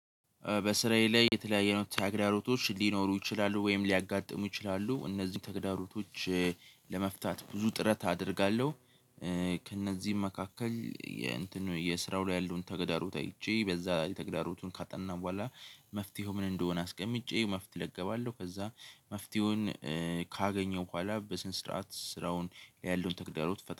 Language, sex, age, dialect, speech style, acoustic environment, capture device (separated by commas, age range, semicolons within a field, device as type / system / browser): Amharic, male, 18-24, Ethiopia - Addis Ababa, focused, silence, mobile / Linux / Chrome